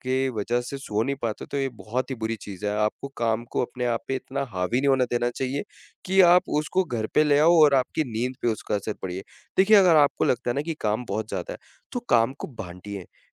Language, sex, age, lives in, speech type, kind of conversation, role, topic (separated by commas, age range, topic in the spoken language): Hindi, male, 20-24, India, conversation, advice, advisor, कार्य और निजी जीवन के बीच सीमाएँ तय न कर पाना